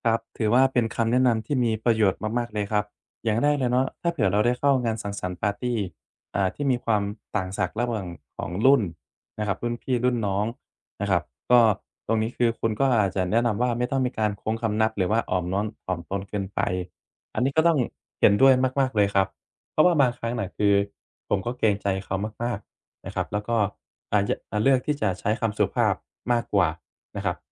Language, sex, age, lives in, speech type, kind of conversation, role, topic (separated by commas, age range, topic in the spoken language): Thai, male, 25-29, Thailand, conversation, advice, user, ฉันจะจัดการกับความอึดอัดในงานปาร์ตี้และงานเฉลิมฉลองเมื่ออยู่กับคนไม่คุ้นเคยได้อย่างไร?